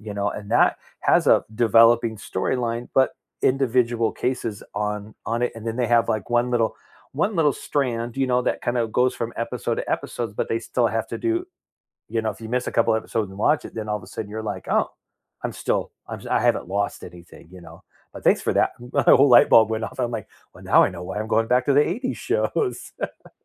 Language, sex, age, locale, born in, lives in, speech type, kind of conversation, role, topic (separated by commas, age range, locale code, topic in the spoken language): English, male, 45-49, en-US, United States, United States, conversation, unstructured, none, What comfort TV shows do you rewatch when life gets hectic?